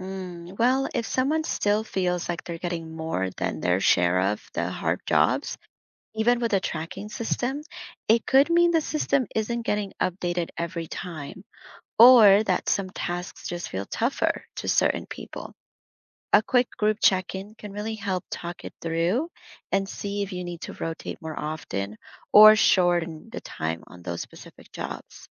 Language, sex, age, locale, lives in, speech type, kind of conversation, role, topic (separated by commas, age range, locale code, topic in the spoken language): English, female, 30-34, en-US, United States, read, expert-assistant, expert, How do you decide which operational challenges to tackle first when everything feels urgent?